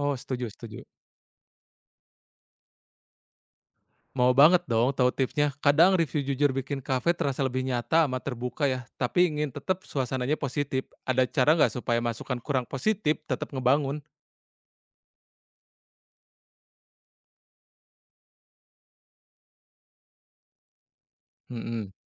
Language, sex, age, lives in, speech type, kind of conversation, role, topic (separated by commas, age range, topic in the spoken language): Indonesian, male, 30-34, Indonesia, read, expert-assistant, asker, Apa ide kreatif yang bisa digunakan agar pelanggan mau menceritakan pengalaman mereka ke teman-teman?